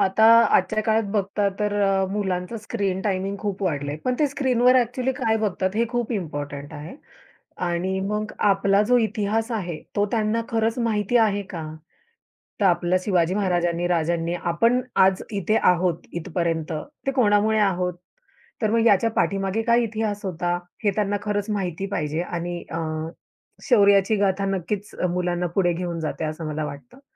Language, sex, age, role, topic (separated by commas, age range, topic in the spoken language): Marathi, female, 30-34, guest, आगामी सणांच्या तयारीसाठी तुम्ही घरी काय-काय करता?